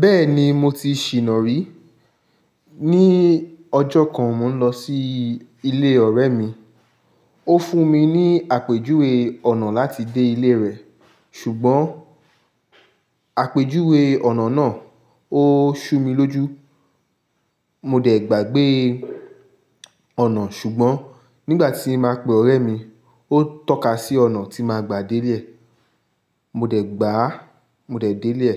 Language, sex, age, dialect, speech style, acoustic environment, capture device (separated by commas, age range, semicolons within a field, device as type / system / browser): Yoruba, male, 25-34, Nigeria - Lagos Yoruba, tired, people talking; construction; traffic; music, mobile / Linux / Chrome